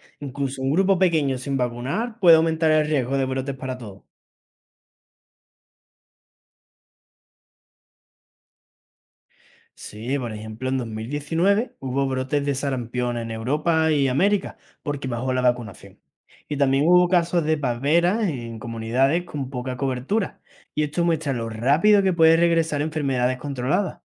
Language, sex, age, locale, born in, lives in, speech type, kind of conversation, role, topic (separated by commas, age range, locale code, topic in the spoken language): Spanish, male, 20-24, es-ES, Spain, Spain, read, expert-assistant, expert, ¿Cuál sería una buena analogía para explicar cómo funcionan las vacunas en la comunidad?